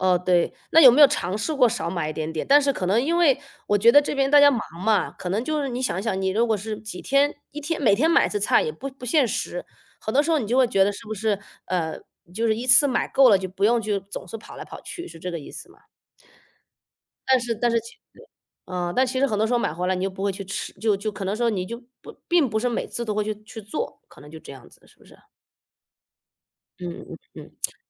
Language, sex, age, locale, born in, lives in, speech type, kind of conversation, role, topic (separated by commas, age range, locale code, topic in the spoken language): Chinese, female, 35-39, zh-CN, China, United States, conversation, podcast, host, 你有哪些减少食物浪费的实际做法或想法？